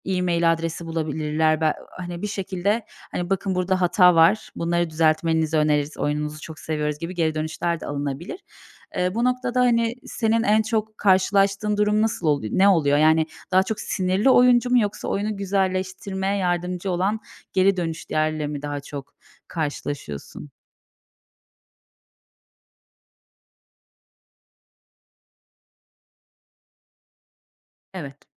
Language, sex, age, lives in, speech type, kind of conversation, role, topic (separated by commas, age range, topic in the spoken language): Turkish, female, 30-34, Bulgaria, conversation, podcast, host, Oyununu veya etkinliğini test ederken nelere dikkat edersin?